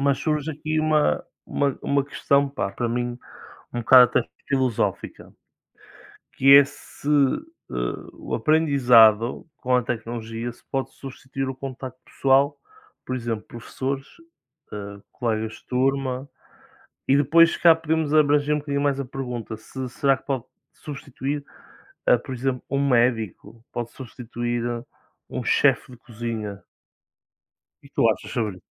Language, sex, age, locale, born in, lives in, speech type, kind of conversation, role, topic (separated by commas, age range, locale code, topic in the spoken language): Portuguese, male, 20-24, pt-PT, Portugal, Portugal, conversation, unstructured, none, A tecnologia ajuda ou atrapalha o aprendizado?